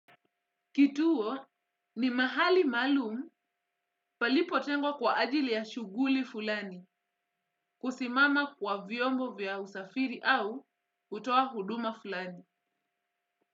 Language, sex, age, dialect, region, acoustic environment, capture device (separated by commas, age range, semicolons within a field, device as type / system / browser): Swahili, female, 25-34, Kenya - Mombasa, Kenya, silence, desktop / Windows / Edge